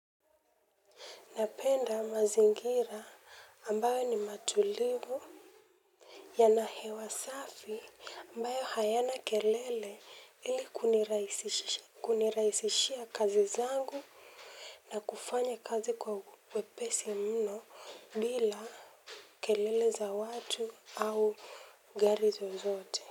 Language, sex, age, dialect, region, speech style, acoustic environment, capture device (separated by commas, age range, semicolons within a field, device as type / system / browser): Swahili, female, 18-24, Kenya - Mombasa, Kenya, focused, silence, mobile / Linux / Chrome